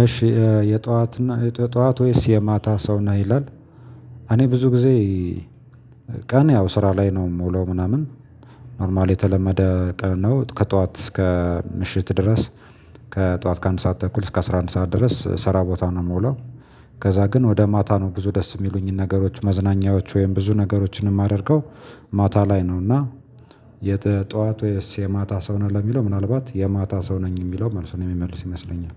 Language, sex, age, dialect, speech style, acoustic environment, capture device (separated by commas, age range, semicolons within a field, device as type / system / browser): Amharic, male, 25-34, Ethiopia - Gojjam, relaxed, silence; nature, mobile / Linux / Chrome